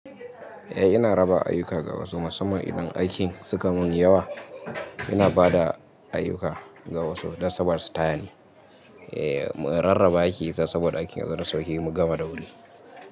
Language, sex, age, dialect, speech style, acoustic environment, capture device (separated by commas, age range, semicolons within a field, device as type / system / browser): Hausa, male, 25-34, Nigeria - Kano, happy, nature, mobile / Linux / Chrome